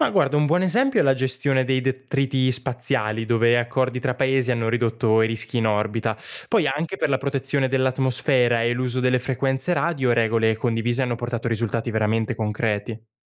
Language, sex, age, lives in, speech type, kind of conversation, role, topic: Italian, male, 20-24, Italy, read, expert-assistant, expert, Cosa ci guadagniamo davvero a studiare le stelle lontane? Vale la pena?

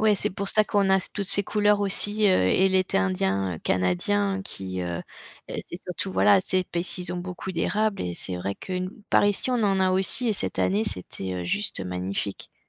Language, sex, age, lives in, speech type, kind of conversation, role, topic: French, female, 50-54, France, conversation, podcast, host, Qu’est-ce qui provoque le changement de couleur des feuilles en automne ?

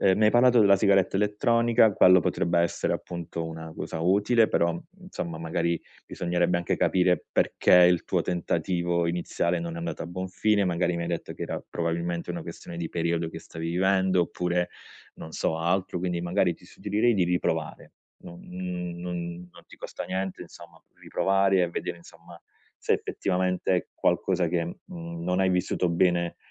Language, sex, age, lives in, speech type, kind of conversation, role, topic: Italian, male, 30-34, Italy, conversation, advice, advisor, Come posso sostituire un’abitudine dannosa con un’alternativa sana senza sentirmi privato?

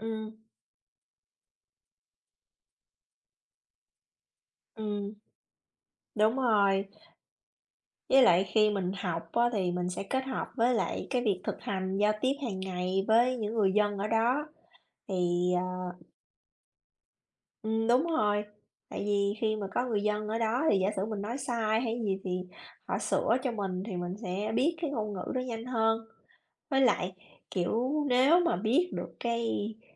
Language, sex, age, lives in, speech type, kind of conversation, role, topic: Vietnamese, female, 35-39, United States, conversation, unstructured, none, Bạn sẽ thích nghi như thế nào nếu một ngày thức dậy và nhận ra mình đang ở một đất nước khác?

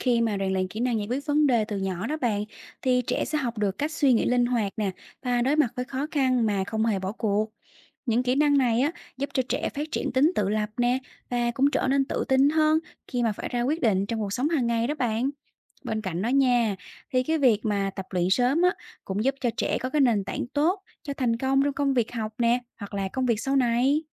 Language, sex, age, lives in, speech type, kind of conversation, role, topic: Vietnamese, female, 30-34, Vietnam, read, expert-assistant, expert, Lý do nên rèn luyện kỹ năng giải quyết vấn đề từ nhỏ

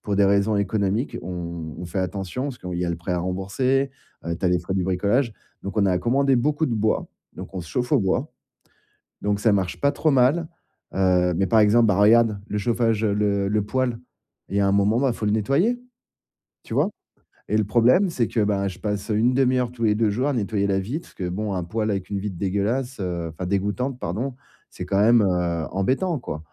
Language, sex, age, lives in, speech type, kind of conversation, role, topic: French, male, 40-44, France, conversation, advice, user, Comment puis-je m’adapter à mes nouvelles conditions de logement ?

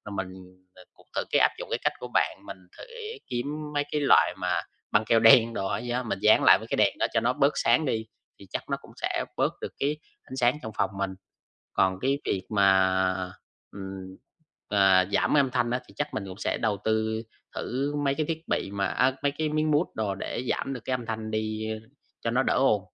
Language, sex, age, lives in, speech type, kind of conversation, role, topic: Vietnamese, male, 20-24, Vietnam, conversation, advice, user, Làm sao để tôi ngủ sâu và không bị tỉnh giấc giữa đêm?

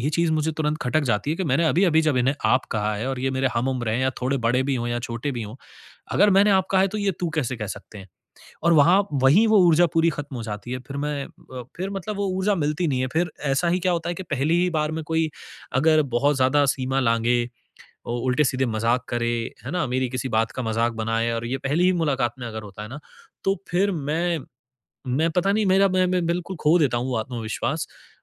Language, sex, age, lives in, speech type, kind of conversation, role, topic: Hindi, male, 30-34, India, conversation, advice, user, समूह में अपनी बात रखने और सहज बने रहने में मुझे कठिनाई क्यों होती है?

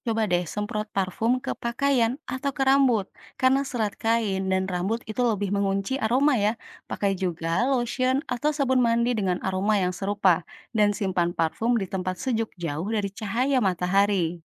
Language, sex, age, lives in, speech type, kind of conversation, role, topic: Indonesian, female, 35-39, Indonesia, read, expert-assistant, expert, Kenapa parfum yang saya pakai cepat hilang aromanya di tubuh, padahal sudah mengikuti tips penggunaannya? Apakah ada faktor gaya hidup atau kondisi tubuh yang mempengaruhi daya tahan parfum?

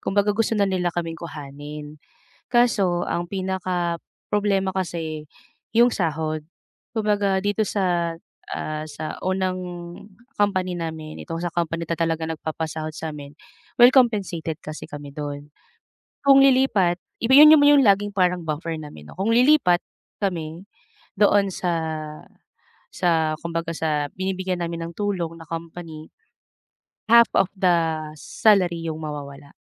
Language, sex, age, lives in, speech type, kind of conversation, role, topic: Filipino, female, 35-39, Philippines, conversation, advice, user, Paano ko haharapin ang takot na mawalan ng kontrol sa hinaharap?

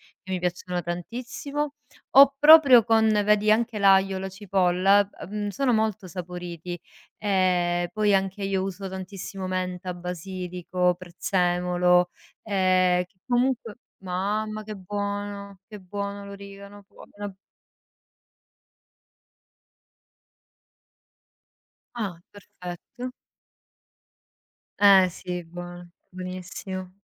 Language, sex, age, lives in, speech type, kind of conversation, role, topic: Italian, female, 35-39, Italy, conversation, unstructured, none, Ti preoccupa il futuro se non ti prendi cura del tuo corpo adesso?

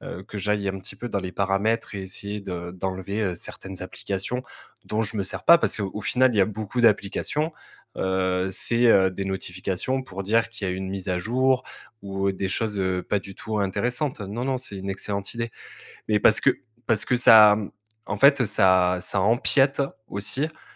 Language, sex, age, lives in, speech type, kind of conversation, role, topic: French, male, 30-34, France, conversation, advice, user, Comment gérer les distractions constantes du téléphone qui nuisent à ma productivité ?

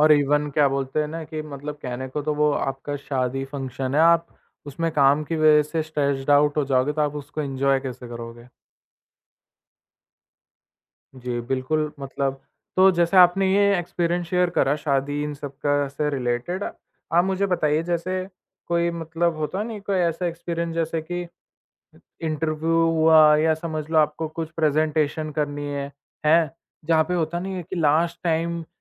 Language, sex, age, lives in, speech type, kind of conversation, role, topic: Hindi, male, 20-24, India, conversation, podcast, host, Stress ya pressure mein aap apna confidence kaise banaaye rakhte ho?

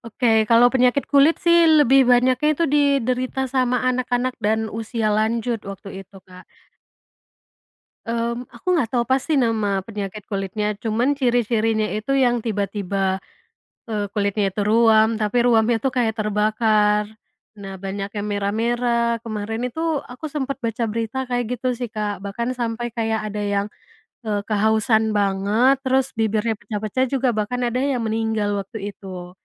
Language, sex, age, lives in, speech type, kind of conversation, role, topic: Indonesian, female, 25-29, Indonesia, conversation, podcast, guest, Apa pendapatmu tentang perubahan iklim dan dampaknya di daerah kita?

